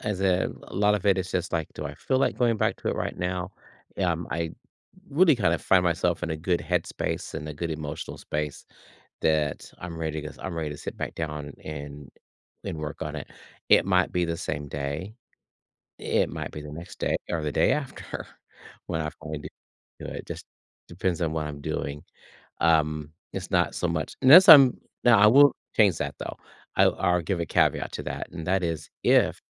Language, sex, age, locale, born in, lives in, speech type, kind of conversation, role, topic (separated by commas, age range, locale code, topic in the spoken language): English, male, 55-59, en-US, United States, United States, conversation, podcast, guest, What strategies help you stay motivated and productive during challenging creative periods?